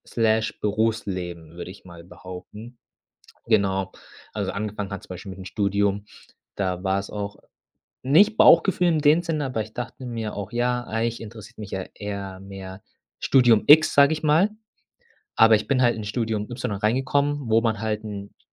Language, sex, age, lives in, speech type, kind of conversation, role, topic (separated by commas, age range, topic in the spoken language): German, male, 25-29, Germany, conversation, podcast, guest, Wie entscheidest du zwischen Bauchgefühl und Vernunft?